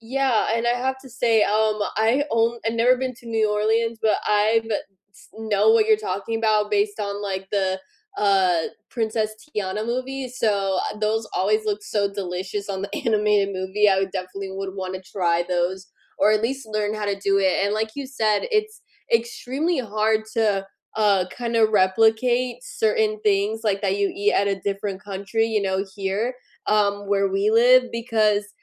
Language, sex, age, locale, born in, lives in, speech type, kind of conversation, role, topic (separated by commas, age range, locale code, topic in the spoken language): English, female, 25-29, en-US, United States, United States, conversation, unstructured, none, What comfort food always hits the spot for you?